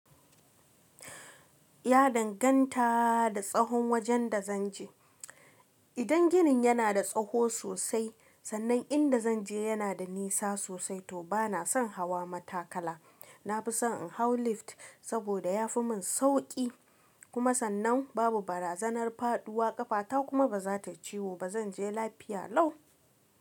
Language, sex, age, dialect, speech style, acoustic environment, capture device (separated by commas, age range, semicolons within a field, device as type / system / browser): Hausa, female, 25-34, Nigeria - Kano, relaxed, nature, mobile / Linux / Chrome